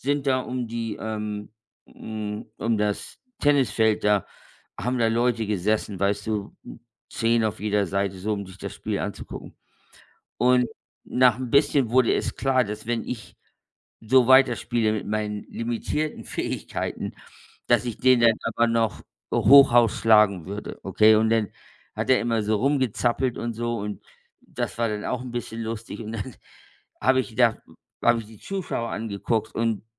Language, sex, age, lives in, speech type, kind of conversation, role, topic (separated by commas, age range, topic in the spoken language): German, male, 55-59, United States, conversation, unstructured, none, Was machst du, wenn du Angst hast, deine Fähigkeiten zu zeigen?